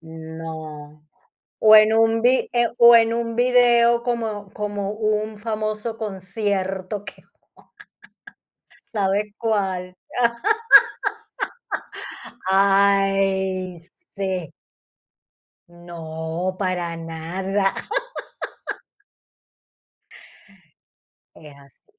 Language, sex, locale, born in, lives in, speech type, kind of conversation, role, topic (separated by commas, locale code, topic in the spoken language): Spanish, female, es-VE, Spain, Spain, conversation, podcast, host, ¿Cómo puedes expresar tus límites sin ofender a los demás?